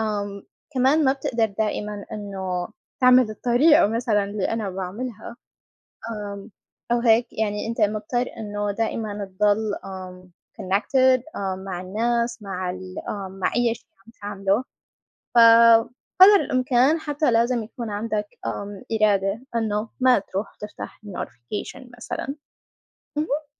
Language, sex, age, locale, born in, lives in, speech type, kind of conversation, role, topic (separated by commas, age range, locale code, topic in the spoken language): Arabic, female, 25-29, ar-SY, Saudi Arabia, United States, conversation, unstructured, none, إيه رأيك في تأثير الموبايل على تركيزنا اليومي؟